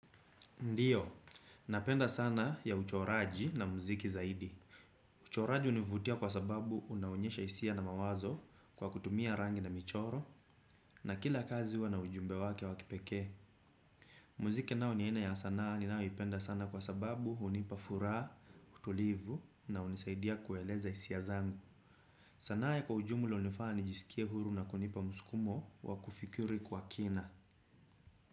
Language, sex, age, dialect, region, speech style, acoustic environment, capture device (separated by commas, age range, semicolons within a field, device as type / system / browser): Swahili, male, 25-34, Kenya - Nairobi Sheng-influenced, Kenya, relaxed, silence, desktop / Windows / Chrome